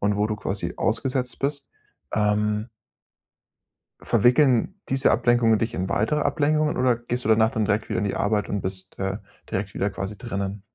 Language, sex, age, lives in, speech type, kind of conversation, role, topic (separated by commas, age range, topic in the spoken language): German, male, 25-29, Germany, conversation, advice, advisor, Wie kann ich Ablenkungen reduzieren und meinen Fokus langfristig stärken?